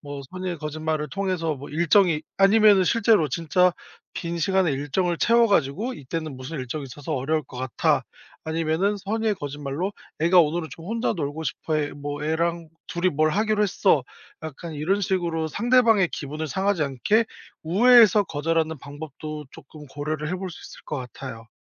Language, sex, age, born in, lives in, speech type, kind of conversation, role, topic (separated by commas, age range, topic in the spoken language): Korean, male, 30-34, South Korea, South Korea, conversation, advice, advisor, 경계를 잘 세우지 못해 감정적으로 지치고 소진되는 이유와 대처 방법은 무엇인가요?